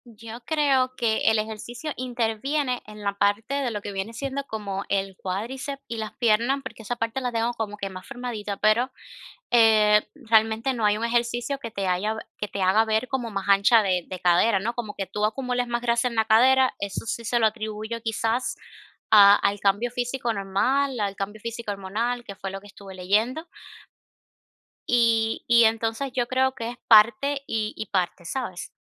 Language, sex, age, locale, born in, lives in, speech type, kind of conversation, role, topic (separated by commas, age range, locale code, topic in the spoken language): Spanish, female, 25-29, es-CU, Cuba, United States, conversation, advice, user, ¿Cómo puedo sentirme mejor con mi apariencia y los cambios físicos que estoy viviendo?